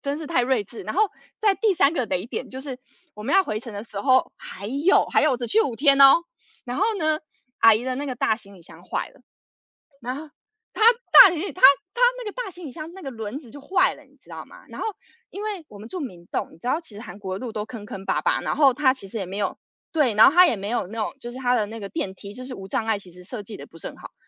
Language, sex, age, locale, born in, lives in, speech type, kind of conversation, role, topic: Chinese, female, 30-34, zh-TW, United States, United States, conversation, unstructured, none, 你觉得旅行中最难忘的经历是什么？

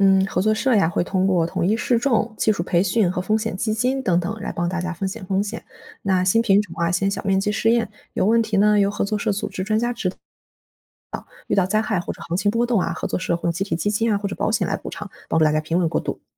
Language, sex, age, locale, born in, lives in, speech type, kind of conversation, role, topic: Chinese, female, 25-29, zh-CN, China, Germany, read, expert-assistant, expert, 想要高产又省心，种植粮食作物时应该怎样提前安排时间和资源？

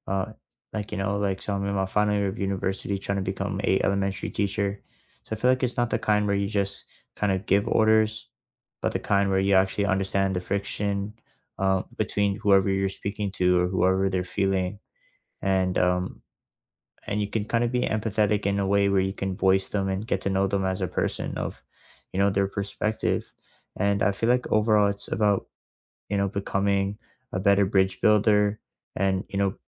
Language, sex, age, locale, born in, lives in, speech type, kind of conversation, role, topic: English, male, 20-24, en-CA, Canada, Canada, conversation, unstructured, none, What work skill are you focusing on developing this year, and what inspired you to choose it?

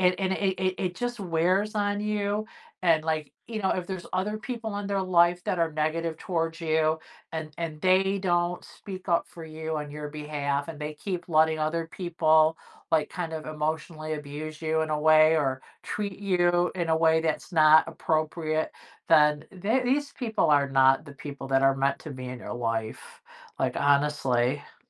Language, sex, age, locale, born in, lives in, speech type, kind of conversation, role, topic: English, female, 55-59, en-US, United States, United States, conversation, advice, advisor, How can I grieve and move forward after the end of a long friendship?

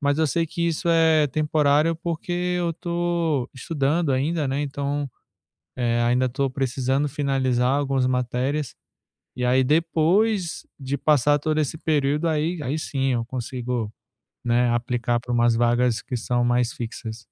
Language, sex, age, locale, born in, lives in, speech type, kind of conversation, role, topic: Portuguese, male, 35-39, pt-BR, Brazil, France, conversation, advice, user, Como posso organizar minhas prioridades financeiras sem me comparar com os outros?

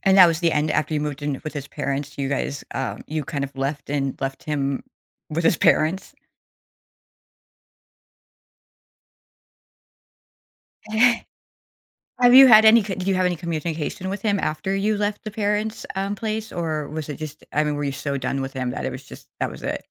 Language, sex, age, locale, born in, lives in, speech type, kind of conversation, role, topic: English, female, 45-49, en-US, United States, United States, conversation, advice, advisor, How can I address my partner's lack of effort?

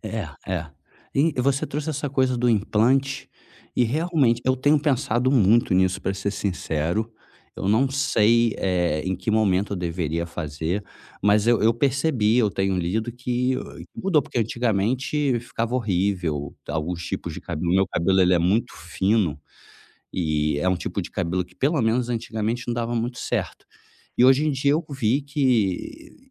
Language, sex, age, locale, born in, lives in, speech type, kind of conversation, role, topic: Portuguese, male, 35-39, pt-BR, Brazil, Germany, conversation, advice, user, Como posso me adaptar às mudanças no meu corpo sem me sentir perdido ou frustrado?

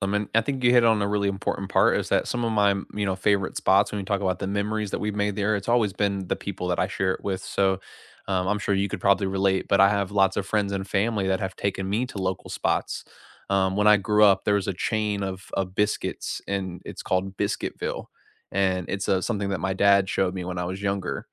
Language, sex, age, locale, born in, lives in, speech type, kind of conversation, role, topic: English, male, 55-59, en-US, United States, United States, conversation, unstructured, none, What local place in your neighborhood always makes you smile, and what makes it special?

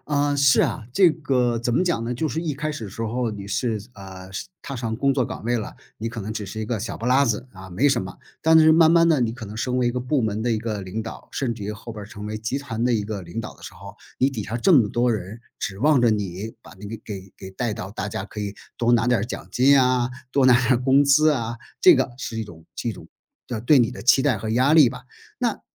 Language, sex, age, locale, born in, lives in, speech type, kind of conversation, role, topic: Chinese, male, 55-59, zh-CN, China, United States, conversation, podcast, guest, 你如何面对外界的期待和压力？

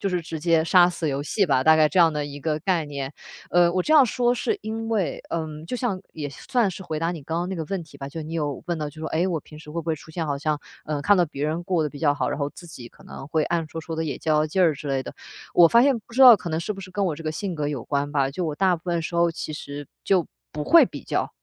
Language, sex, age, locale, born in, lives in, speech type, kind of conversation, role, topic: Chinese, female, 25-29, zh-CN, China, Germany, conversation, podcast, guest, 你怎样从比较中抽身出来？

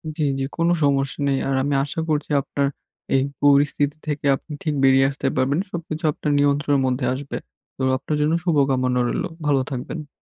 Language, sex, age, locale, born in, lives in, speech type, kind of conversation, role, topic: Bengali, male, 20-24, bn-BD, Bangladesh, Bangladesh, conversation, advice, advisor, স্বল্পমেয়াদী বনাম দীর্ঘমেয়াদি লক্ষ্য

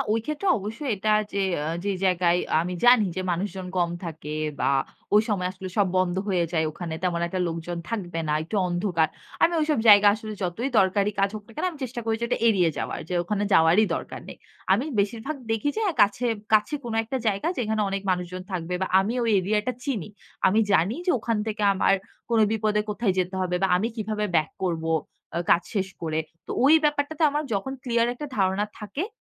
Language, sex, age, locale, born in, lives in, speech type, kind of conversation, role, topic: Bengali, female, 25-29, bn-BD, Bangladesh, Bangladesh, conversation, podcast, guest, একলা ভ্রমণে আপনি কীভাবে নিজের নিরাপত্তা নিশ্চিত করেন?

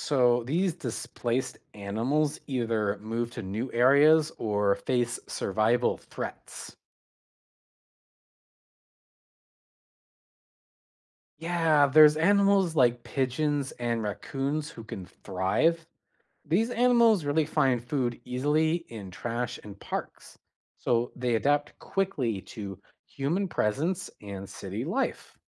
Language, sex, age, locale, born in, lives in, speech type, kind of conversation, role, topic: English, male, 25-29, en-CA, Canada, United States, read, expert-assistant, expert, How does urbanization impact local wildlife?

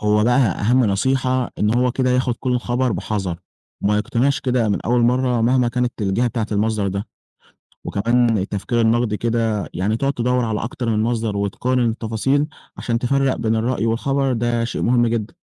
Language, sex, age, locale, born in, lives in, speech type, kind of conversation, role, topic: Arabic, male, 20-24, ar-EG, Egypt, Egypt, read, expert-assistant, expert, إزاي أقدر أفرق بين الأخبار الموثوقة والأخبار المفبركة في الإعلام المصري؟ إيه المصادر اللي أقدر أعتمد عليها؟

